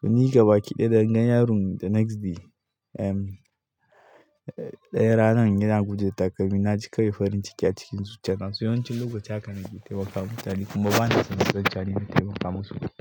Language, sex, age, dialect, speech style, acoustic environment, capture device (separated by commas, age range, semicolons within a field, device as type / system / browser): Hausa, male, 25-34, Nigeria - Katsina, happy, people talking, mobile / Linux / Chrome